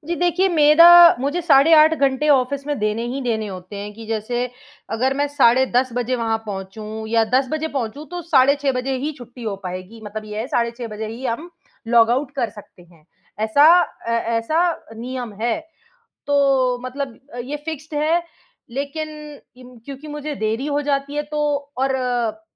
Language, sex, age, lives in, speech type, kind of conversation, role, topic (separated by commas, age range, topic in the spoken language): Hindi, female, 25-29, India, conversation, advice, user, नौकरी की वजह से रोज़ फास्ट फ़ूड खाना पड़ रहा है